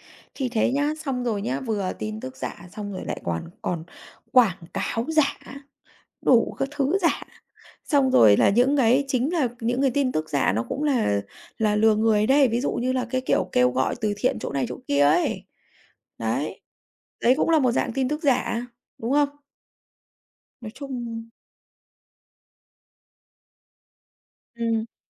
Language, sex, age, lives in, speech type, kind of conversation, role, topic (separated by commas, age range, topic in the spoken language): Vietnamese, female, 35-39, Vietnam, conversation, unstructured, none, Bạn có lo rằng tin giả sẽ phá hoại xã hội trong tương lai không?